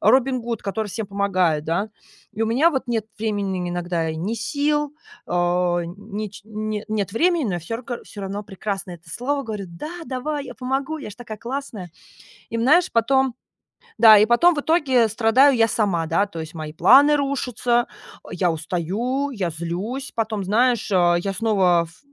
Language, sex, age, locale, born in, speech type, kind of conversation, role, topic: Russian, female, 30-34, ru-RU, Russia, conversation, advice, user, Почему я так часто соглашаюсь на чужие просьбы в ущерб себе?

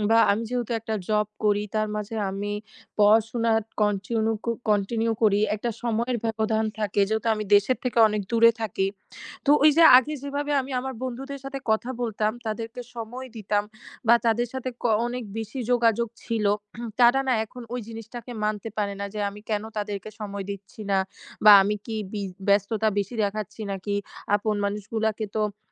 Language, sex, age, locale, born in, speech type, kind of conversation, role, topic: Bengali, female, 20-24, bn-BD, Bangladesh, conversation, advice, user, কাজ বা পড়াশোনার কারণে কি আপনার বন্ধু এবং সমর্থন কমে গেছে বা হারিয়ে গেছে?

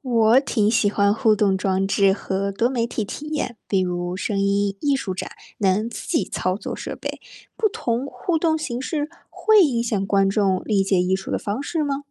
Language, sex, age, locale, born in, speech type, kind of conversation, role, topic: Chinese, female, 25-29, zh-CN, China, read, expert-assistant, asker, 参观美术展览时，怎么安排顺序才能更好地了解艺术发展的脉络？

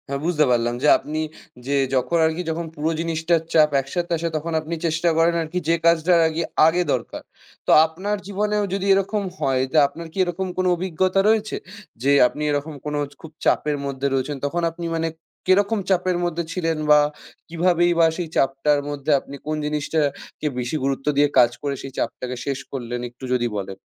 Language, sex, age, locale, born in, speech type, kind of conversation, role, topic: Bengali, male, 20-24, bn-IN, India, conversation, podcast, host, আপনি কাজ আর ব্যক্তিগত জীবনের মধ্যে ভারসাম্য কীভাবে বজায় রাখেন?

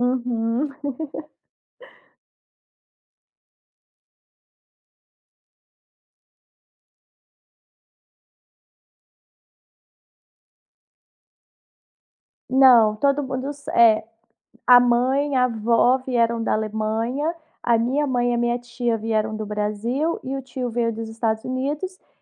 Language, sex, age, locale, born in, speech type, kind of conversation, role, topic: Portuguese, female, 30-34, pt-BR, Brazil, conversation, podcast, guest, Qual é a sua estratégia para cozinhar para muita gente?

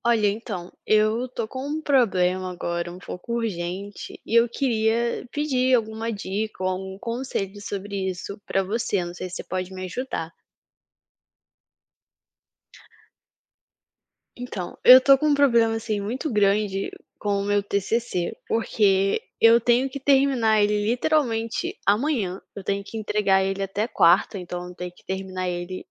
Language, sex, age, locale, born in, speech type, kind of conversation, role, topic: Portuguese, female, 20-24, pt-BR, Brazil, conversation, advice, user, Como você costuma procrastinar antes de prazos importantes?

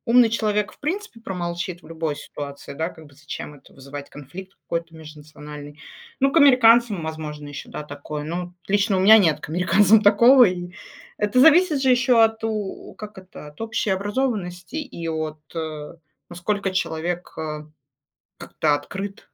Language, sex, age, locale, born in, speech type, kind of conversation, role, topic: Russian, female, 35-39, ru-RU, Russia, conversation, unstructured, none, Что для тебя важнее — быть похожим на других или выделяться?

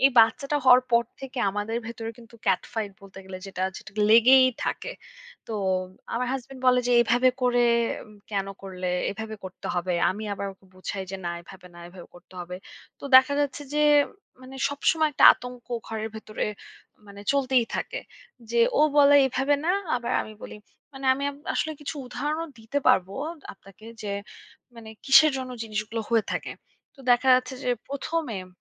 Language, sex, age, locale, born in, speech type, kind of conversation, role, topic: Bengali, female, 25-29, bn-BD, Bangladesh, conversation, advice, user, সন্তানদের লালনপালন নিয়ে মা-বাবার ভিন্ন মতের কারণে যে সংঘাত হয়, তা কীভাবে সামলাবেন?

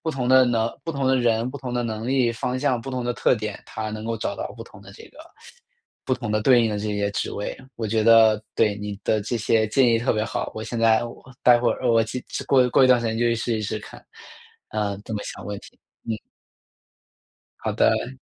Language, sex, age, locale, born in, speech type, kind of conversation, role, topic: Chinese, male, 25-29, zh-CN, China, conversation, advice, user, 看到同龄人成功时，我为什么会开始怀疑自己的人生选择？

